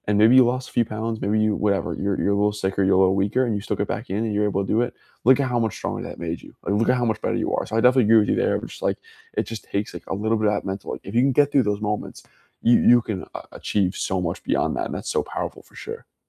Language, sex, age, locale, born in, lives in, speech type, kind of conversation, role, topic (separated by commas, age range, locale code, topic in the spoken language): English, male, 20-24, en-US, United States, United States, conversation, unstructured, none, How do you stay motivated when working toward a big goal?